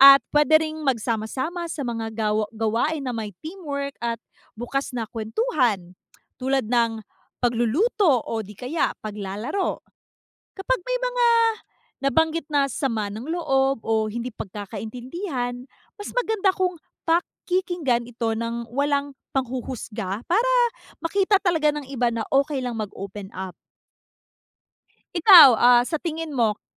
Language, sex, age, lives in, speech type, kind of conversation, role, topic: Filipino, female, 30-34, United States, read, expert-assistant, expert, Ano ang epekto ng kakulangan sa komunikasyon sa relasyon ng pamilya?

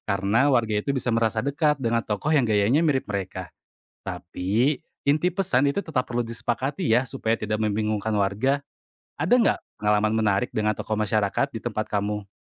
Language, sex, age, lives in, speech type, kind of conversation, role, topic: Indonesian, male, 25-29, Indonesia, read, expert-assistant, expert, Bagaimana jika kampanye kesehatan masyarakat dibuat seperti acara hiburan agar lebih banyak orang tertarik mengikuti?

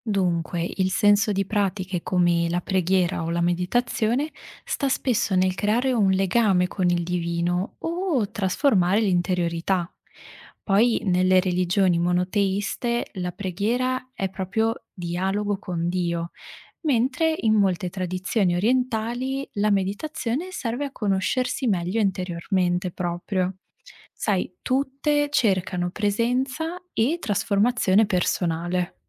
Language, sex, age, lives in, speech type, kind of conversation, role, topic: Italian, female, 25-29, Italy, read, expert-assistant, expert, Se voglio conoscere le religioni del mondo, da quali aspetti dovrei partire per capire meglio le differenze e le somiglianze?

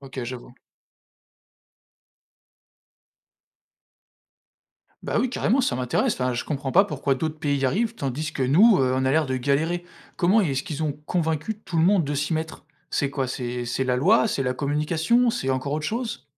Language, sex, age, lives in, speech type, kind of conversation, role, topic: French, male, 25-29, France, read, expert-assistant, asker, Comment pourrait-on rendre les démarches administratives plus simples pour tout le monde ?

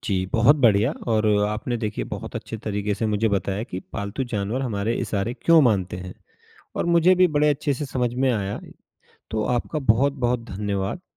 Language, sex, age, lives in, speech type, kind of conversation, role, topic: Hindi, male, 35-39, India, read, expert-assistant, asker, पालतू जानवर हमारे इशारे क्यों मानते हैं? उनके सीखने की प्रक्रिया कैसी होती है?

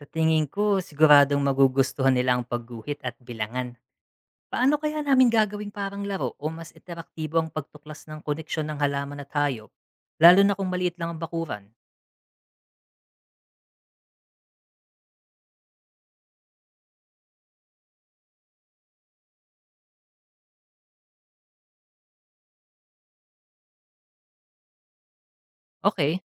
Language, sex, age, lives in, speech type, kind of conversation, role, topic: Filipino, male, 35-39, Philippines, read, expert-assistant, asker, Paano matututuhan ng mga bata ang ugnayan ng halaman, hayop, at insekto sa bakuran?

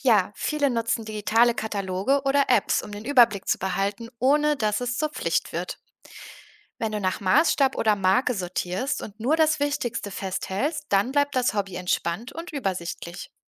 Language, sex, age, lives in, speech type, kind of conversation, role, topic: German, female, 30-34, Germany, read, expert-assistant, expert, Wie bringe ich Ordnung in meine Sammlung, ohne dass der Spaß dabei verloren geht?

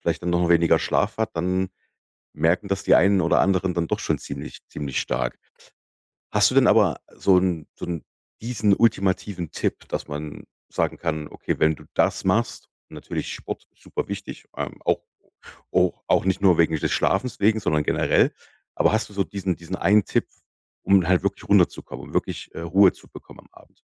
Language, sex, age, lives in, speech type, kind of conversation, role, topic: German, male, 35-39, Germany, conversation, podcast, host, Wie sieht deine Abendroutine aus, damit du gut runterkommst?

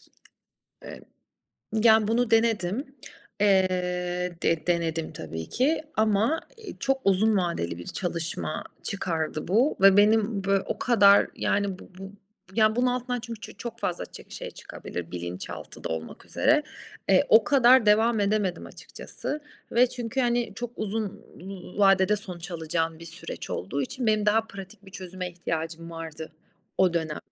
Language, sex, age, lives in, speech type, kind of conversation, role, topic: Turkish, female, 35-39, Italy, conversation, advice, user, Sınav ya da değerlendirme kaygını anlatır mısın?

